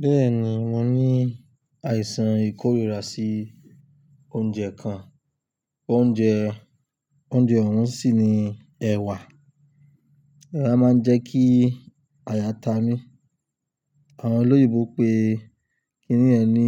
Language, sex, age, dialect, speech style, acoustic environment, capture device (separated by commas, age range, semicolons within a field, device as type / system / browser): Yoruba, male, 25-34, Nigeria - Lagos Yoruba, relaxed, nature; silence, desktop / Linux / Chrome